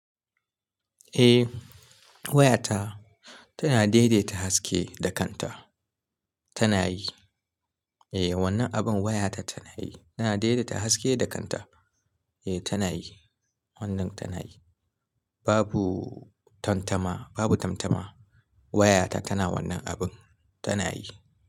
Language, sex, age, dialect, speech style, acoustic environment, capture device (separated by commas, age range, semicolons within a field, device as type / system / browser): Hausa, male, 25-34, Nigeria - Kano, happy, silence, mobile / Linux / Chrome